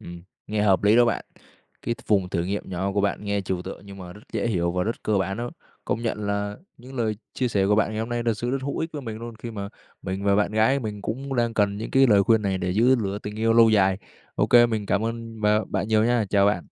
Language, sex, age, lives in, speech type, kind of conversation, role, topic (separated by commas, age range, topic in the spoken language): Vietnamese, male, 20-24, Vietnam, read, expert-assistant, asker, Có những cách sáng tạo nào giúp giữ lửa tình yêu lâu dài trong một mối quan hệ?